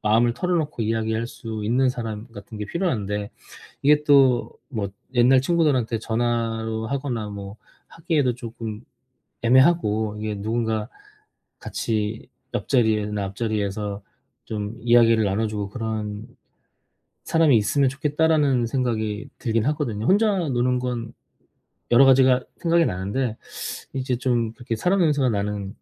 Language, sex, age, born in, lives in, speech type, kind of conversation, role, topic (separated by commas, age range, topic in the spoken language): Korean, male, 40-44, South Korea, South Korea, conversation, advice, user, 낯선 환경에서 느끼는 외로움과 그리움을 어떻게 건강하게 다루면 좋을까요?